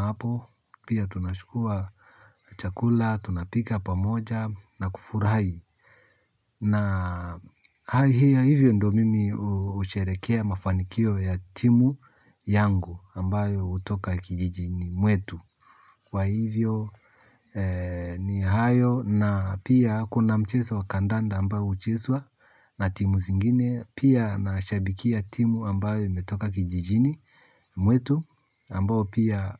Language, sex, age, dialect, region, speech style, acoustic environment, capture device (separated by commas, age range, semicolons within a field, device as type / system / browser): Swahili, male, 35-44, Kenya - Nairobi Sheng-influenced, Kenya, happy; relaxed; focused, silence, mobile / Linux / Chrome